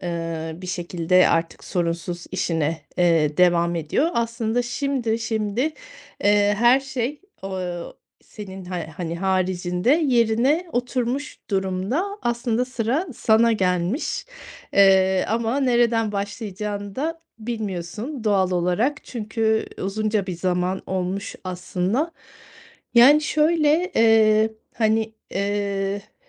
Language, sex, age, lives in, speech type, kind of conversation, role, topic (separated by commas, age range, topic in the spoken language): Turkish, female, 40-44, Spain, conversation, advice, advisor, Hayatımın genel anlamını ve yönünü nasıl bulabilirim?